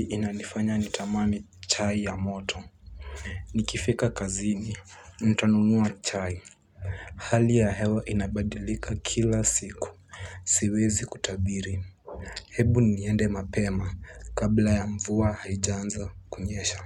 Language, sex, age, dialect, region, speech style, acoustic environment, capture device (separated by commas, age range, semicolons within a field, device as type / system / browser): Swahili, male, 18-24, Kenya - Nairobi Sheng-influenced, Kenya, relaxed, silence, mobile / Linux / Chrome